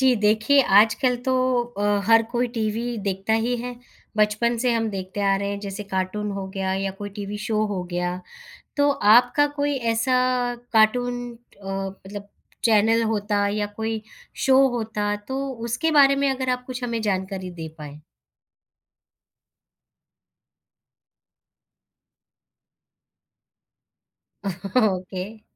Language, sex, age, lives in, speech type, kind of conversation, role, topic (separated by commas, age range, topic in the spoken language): Hindi, female, 35-39, India, conversation, podcast, host, Kya koi TV show ya cartoon tha jo tum hamesha dekhte the?